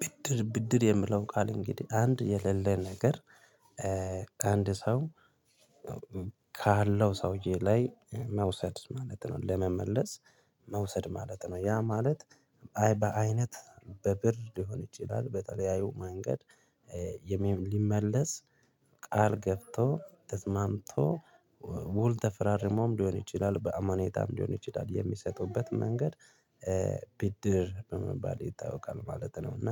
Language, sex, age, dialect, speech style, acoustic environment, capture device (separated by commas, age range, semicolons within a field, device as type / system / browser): Amharic, male, 25-34, Ethiopia - Addis Ababa, happy, people talking; traffic; nature, mobile / Linux / Chrome